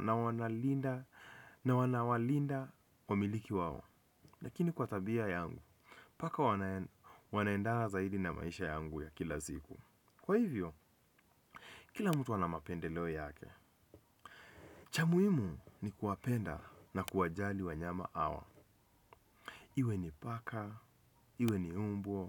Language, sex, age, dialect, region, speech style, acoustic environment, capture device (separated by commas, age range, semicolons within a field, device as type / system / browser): Swahili, male, 25-34, Kenya - Nairobi Sheng-influenced, Kenya, relaxed; focused; happy, people talking; music; nature; construction; traffic; appliances, desktop / Windows / Chrome